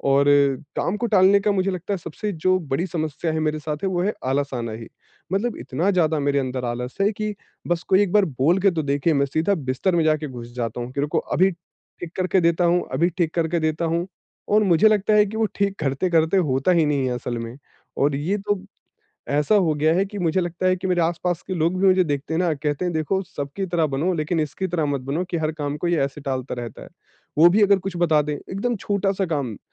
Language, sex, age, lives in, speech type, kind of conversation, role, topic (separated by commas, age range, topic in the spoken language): Hindi, male, 25-29, India, conversation, advice, user, मैं काम टालना कैसे बंद कर सकता/सकती हूँ?